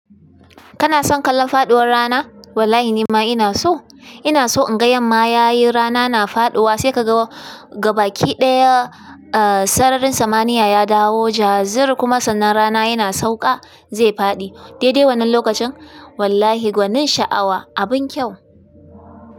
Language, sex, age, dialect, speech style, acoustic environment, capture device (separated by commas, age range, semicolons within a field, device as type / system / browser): Hausa, female, 25-34, Nigeria - Kano, tired; excited, music; appliances, desktop / Linux / Chrome